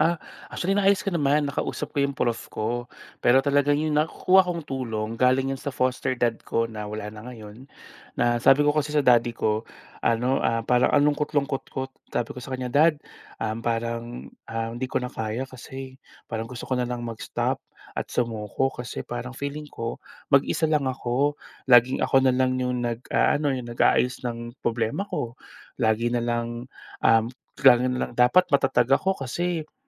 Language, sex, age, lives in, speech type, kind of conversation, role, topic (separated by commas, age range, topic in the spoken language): Filipino, male, 30-34, Philippines, conversation, podcast, guest, Paano ka sinuportahan ng pamilya mo sa pagtupad sa mga pangarap mo?